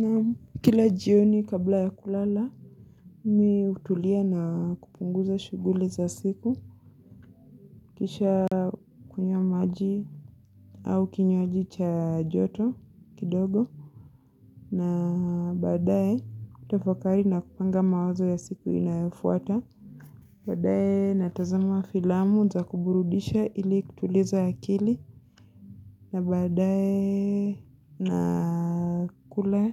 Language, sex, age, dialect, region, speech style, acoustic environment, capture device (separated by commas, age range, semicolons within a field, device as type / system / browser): Swahili, female, 25-34, Kenya - Mombasa, Kenya, happy, silence, mobile / Linux / Chrome